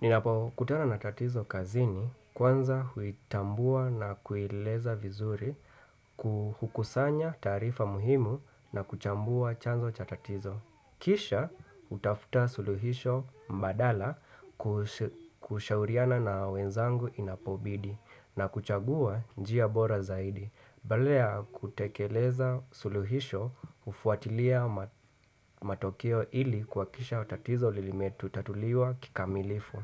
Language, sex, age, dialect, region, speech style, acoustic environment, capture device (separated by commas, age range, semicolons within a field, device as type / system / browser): Swahili, male, 25-34, Kenya - Nairobi Sheng-influenced, Kenya, relaxed, people talking, desktop / Windows / Chrome